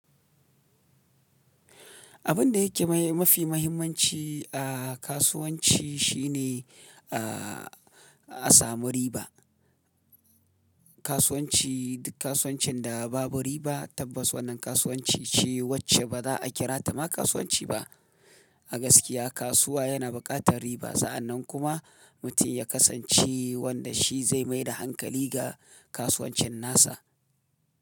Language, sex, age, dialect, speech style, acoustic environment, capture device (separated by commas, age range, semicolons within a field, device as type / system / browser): Hausa, male, 35-44, Nigeria - Kano, happy; relaxed; excited; focused, silence; nature, mobile / Linux / Chrome